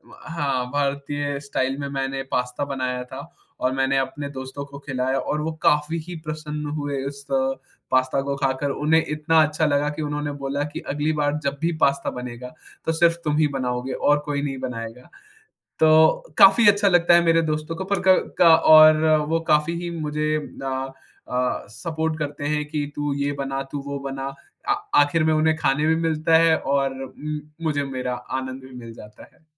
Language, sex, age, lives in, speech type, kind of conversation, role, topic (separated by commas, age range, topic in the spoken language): Hindi, male, 20-24, India, conversation, podcast, guest, अंतरराष्ट्रीय व्यंजनों को स्थानीय स्वाद के अनुरूप नया रूप देने का सही तरीका क्या है?